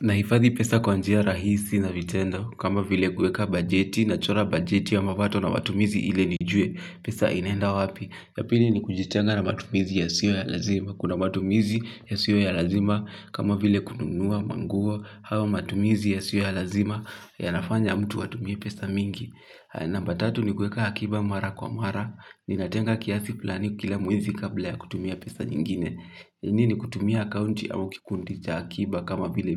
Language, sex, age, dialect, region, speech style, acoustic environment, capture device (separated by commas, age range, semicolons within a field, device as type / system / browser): Swahili, male, 18-24, Kenya - Kiambu, Kenya, relaxed, silence, mobile / Linux / Chrome